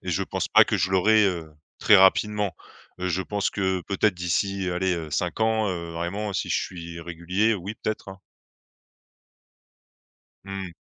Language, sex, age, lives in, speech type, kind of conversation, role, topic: French, male, 30-34, France, conversation, podcast, guest, Raconte un moment où t'as perdu la notion du temps en créant ?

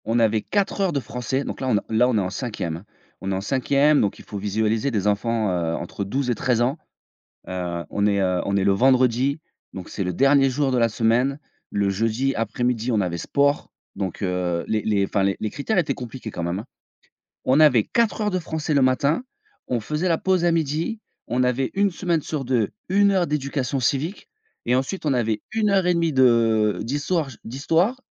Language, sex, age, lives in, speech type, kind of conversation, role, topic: French, male, 35-39, France, conversation, podcast, guest, Quel souvenir d'école te donne encore le sourire ?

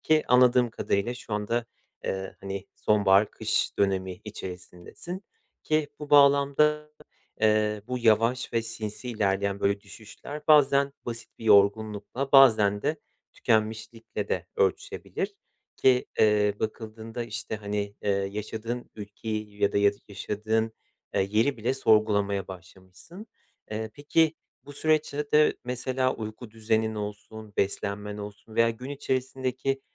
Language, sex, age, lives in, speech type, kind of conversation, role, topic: Turkish, male, 30-34, Poland, conversation, advice, advisor, Günlük yaşamda enerji düşüşünü ve motivasyon kaybını nasıl aşabilirim?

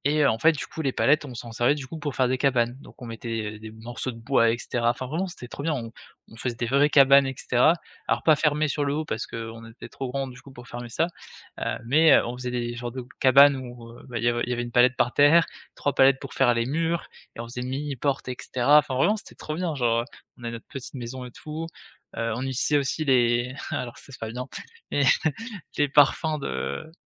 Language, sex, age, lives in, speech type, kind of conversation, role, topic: French, male, 20-24, France, conversation, podcast, guest, Comment t'amusais-tu avec tes amis d'enfance ?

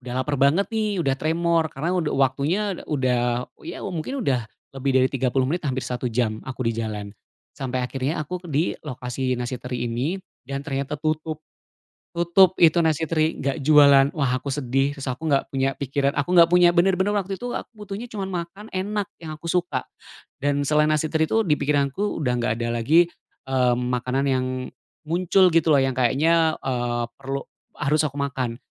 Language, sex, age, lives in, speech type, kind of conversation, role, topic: Indonesian, male, 35-39, Indonesia, conversation, podcast, guest, Apa makanan kaki lima favoritmu dan mengapa kamu menyukainya?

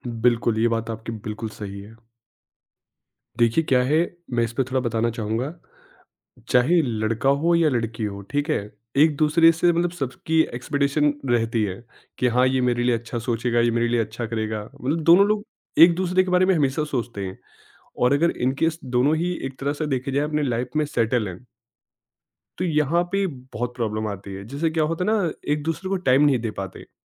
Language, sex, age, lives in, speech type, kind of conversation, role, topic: Hindi, male, 25-29, India, conversation, podcast, guest, Long-term relationship mein spark kaise banaye rakhte ho?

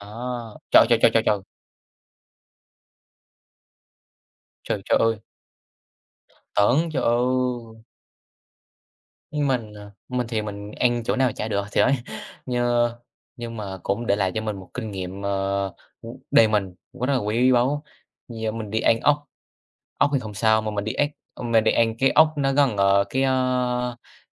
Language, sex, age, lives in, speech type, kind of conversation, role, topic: Vietnamese, male, 18-19, Vietnam, conversation, unstructured, none, Bạn nghĩ sao về việc nhiều quán ăn đặt mức lợi nhuận quá cao cho những món đơn giản?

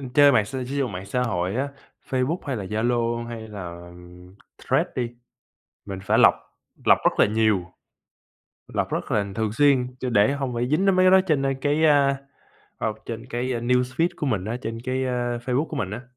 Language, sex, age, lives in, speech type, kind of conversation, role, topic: Vietnamese, male, 25-29, United States, conversation, unstructured, none, Làm thế nào để phân biệt tin thật và tin giả trong bối cảnh hiện nay?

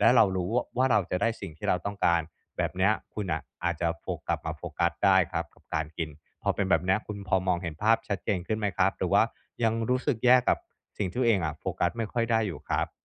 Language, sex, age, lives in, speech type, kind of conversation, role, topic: Thai, male, 35-39, Thailand, conversation, advice, advisor, จะฝึกอยู่กับปัจจุบันขณะระหว่างกินอาหารได้อย่างไรเมื่อใจมักวอกแวก?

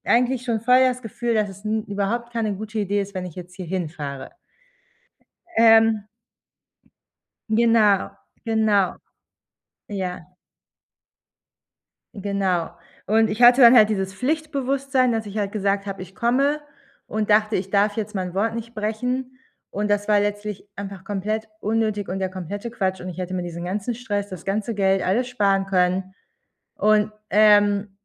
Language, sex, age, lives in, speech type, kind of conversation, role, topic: German, female, 30-34, Germany, conversation, advice, user, Was sollte ich tun, wenn unterwegs etwas Unvorhergesehenes passiert?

